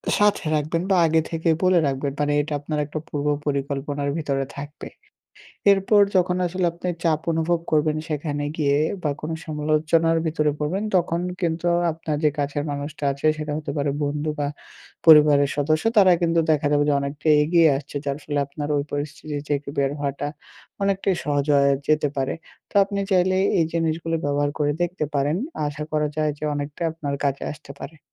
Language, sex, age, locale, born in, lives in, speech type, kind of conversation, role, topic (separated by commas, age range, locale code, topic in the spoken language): Bengali, male, 18-19, bn-BD, Bangladesh, Bangladesh, conversation, advice, advisor, সামাজিক অনুষ্ঠানে গেলে অতিরিক্ত চাপ অনুভব করলে আমি কী করতে পারি?